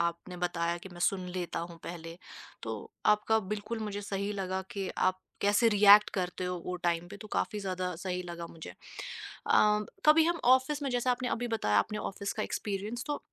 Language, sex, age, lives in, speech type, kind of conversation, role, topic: Hindi, female, 25-29, India, conversation, podcast, host, Apne jazbaat ek taraf rakhkar aap dhang se kaise sunte ho?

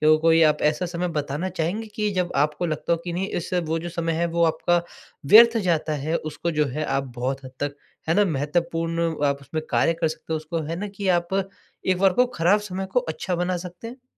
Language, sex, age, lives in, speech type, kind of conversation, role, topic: Hindi, male, 20-24, India, conversation, advice, advisor, कम समय में अपने शौक को आसानी से कैसे निभाया जा सकता है?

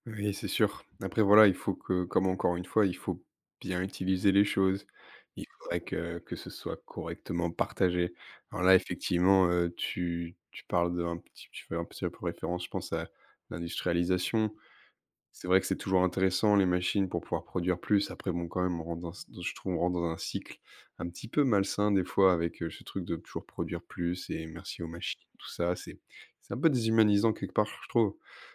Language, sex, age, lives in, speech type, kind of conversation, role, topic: French, male, 20-24, France, conversation, unstructured, none, As-tu un souvenir heureux vécu en pleine nature ?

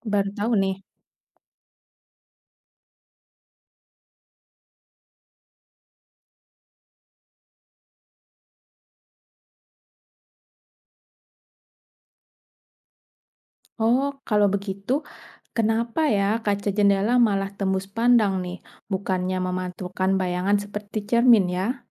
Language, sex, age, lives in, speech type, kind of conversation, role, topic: Indonesian, female, 30-34, Indonesia, read, expert-assistant, asker, Mengapa kita bisa melihat bayangan di cermin?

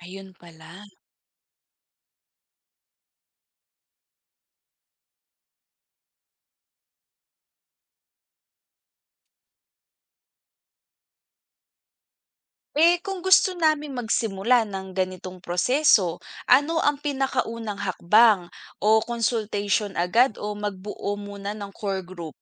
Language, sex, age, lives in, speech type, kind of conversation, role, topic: Filipino, female, 25-29, Philippines, read, expert-assistant, asker, Ano ang mga puwedeng gawing pagbabago sa tradisyonal na pagtatanghal para mas maging kawili-wili sa bagong henerasyon?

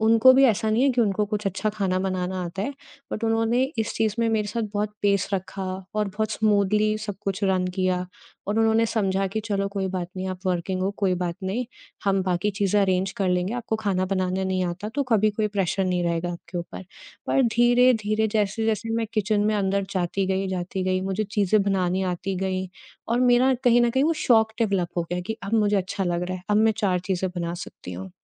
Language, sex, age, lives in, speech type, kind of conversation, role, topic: Hindi, female, 30-34, India, conversation, podcast, guest, Ghar ke khane aur parivaarik recipes se sanskaar aur moolya kaise aage badhte hain?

